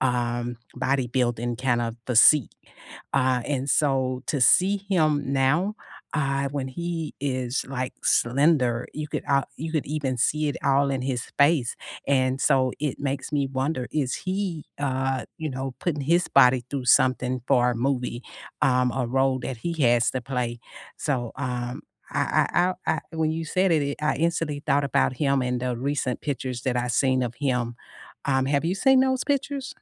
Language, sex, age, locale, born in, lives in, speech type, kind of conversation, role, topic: English, female, 55-59, en-US, United States, United States, conversation, unstructured, none, Which underrated actors are your must-watch picks for any role, and why?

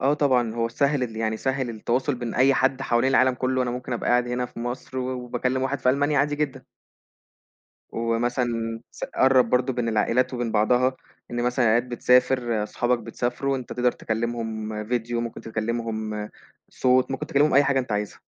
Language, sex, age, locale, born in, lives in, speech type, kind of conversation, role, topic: Arabic, male, 20-24, ar-EG, Egypt, Egypt, conversation, podcast, guest, إزاي بتوازن بين وقتك قدّام الشاشة وراحتك النفسية؟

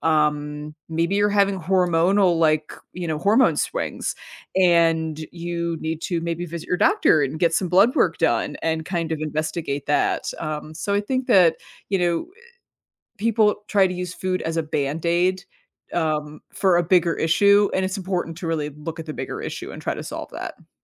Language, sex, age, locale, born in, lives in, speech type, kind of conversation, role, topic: English, female, 40-44, en-US, United States, United States, conversation, podcast, guest, What are some practical steps anyone can take to start eating healthier every day?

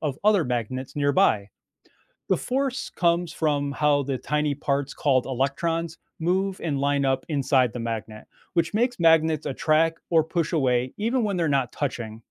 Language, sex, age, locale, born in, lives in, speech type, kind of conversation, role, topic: English, male, 45-49, en-US, United States, United States, read, expert-assistant, expert, How do magnets attract or repel each other?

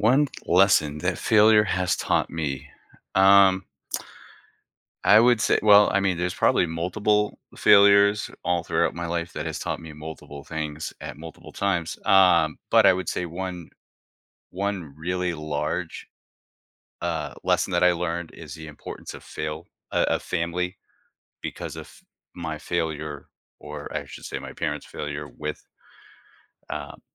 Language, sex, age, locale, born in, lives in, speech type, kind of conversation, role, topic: English, male, 40-44, en-US, United States, United States, conversation, podcast, guest, How can experiencing failure help us grow and improve in life?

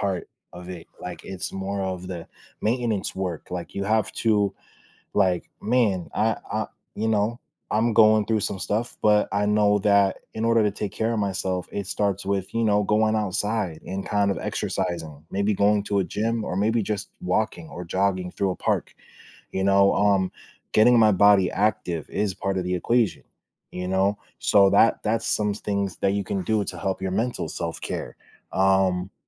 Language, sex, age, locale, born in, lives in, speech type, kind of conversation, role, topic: English, male, 25-29, en-US, United States, United States, conversation, podcast, guest, Why is self-care important for maintaining overall well-being in our daily lives?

